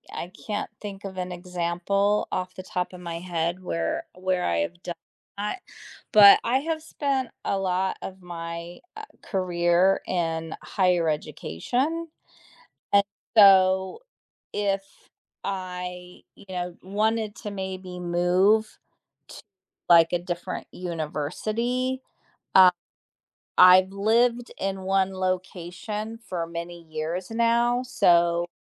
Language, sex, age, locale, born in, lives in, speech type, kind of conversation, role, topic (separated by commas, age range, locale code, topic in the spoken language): English, female, 50-54, en-US, United States, United States, conversation, unstructured, none, In what ways can connecting with others open doors to personal and professional growth?